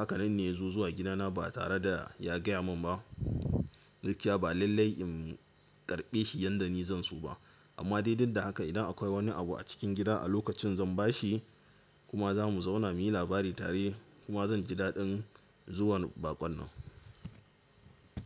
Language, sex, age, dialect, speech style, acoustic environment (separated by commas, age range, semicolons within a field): Hausa, male, 18-24, Nigeria - Katsina, tired; relaxed; focused, silence